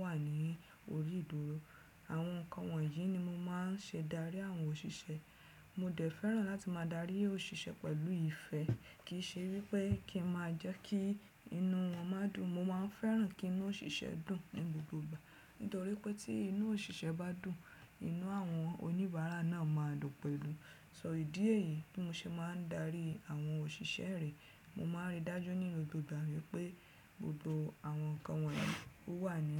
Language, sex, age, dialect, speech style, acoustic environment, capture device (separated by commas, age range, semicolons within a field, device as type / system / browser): Yoruba, female, 35-44, Nigeria - Lagos Yoruba, relaxed; focused, silence, desktop / Windows / Chrome